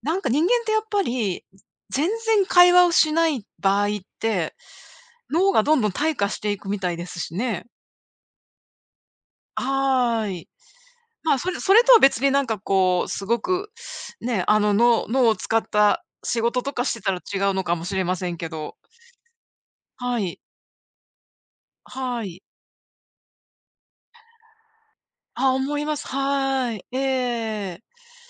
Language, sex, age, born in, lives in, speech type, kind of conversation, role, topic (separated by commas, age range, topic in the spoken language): Japanese, female, 55-59, Japan, United States, conversation, unstructured, none, 失敗から学んだことは何ですか？